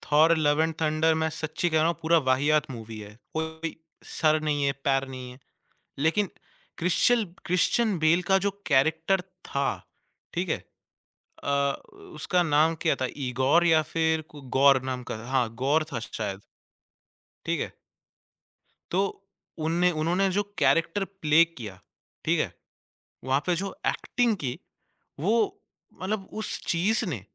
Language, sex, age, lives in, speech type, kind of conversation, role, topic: Hindi, male, 20-24, India, conversation, podcast, guest, Kis celebrity ko tum apna style role model maante ho?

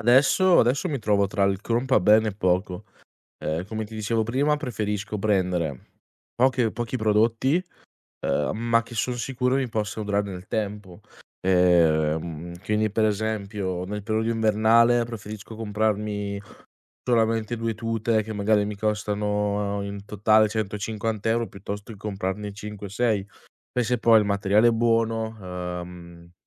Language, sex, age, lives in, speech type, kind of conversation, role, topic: Italian, male, 20-24, Italy, conversation, podcast, guest, Quanto conta per te la sostenibilità nella moda?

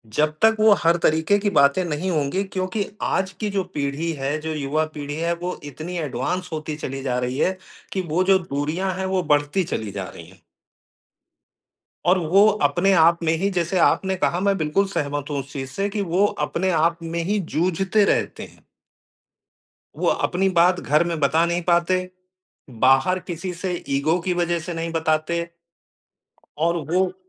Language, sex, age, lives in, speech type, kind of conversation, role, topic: Hindi, male, 55-59, India, conversation, unstructured, none, क्या आपको लगता है कि मानसिक स्वास्थ्य पर खुलकर बात करना ज़रूरी है?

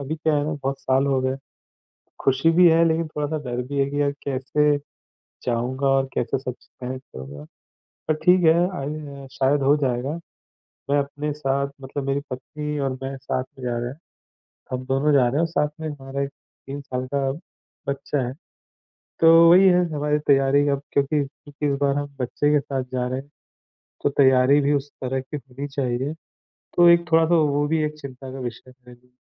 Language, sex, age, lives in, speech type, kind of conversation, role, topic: Hindi, male, 35-39, India, conversation, advice, user, यात्रा या छुट्टी के दौरान मेरी चिंता कैसे कम करूँ?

